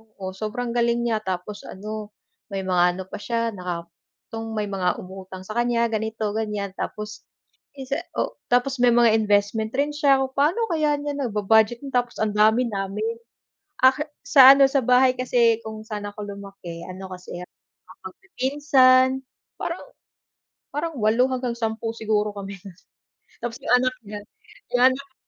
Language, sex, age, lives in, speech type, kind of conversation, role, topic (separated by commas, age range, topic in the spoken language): Filipino, female, 25-29, Philippines, conversation, unstructured, none, Anong klaseng buhay ang gusto mong mabuhay sa hinaharap?